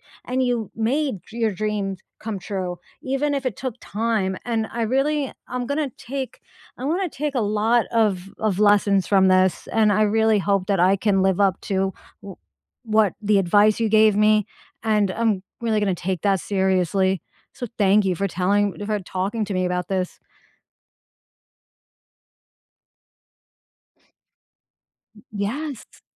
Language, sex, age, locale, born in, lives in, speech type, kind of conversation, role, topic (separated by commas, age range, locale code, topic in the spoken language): English, female, 35-39, en-US, United States, United States, conversation, unstructured, none, Have you ever had to put your dreams on hold, and how did that feel?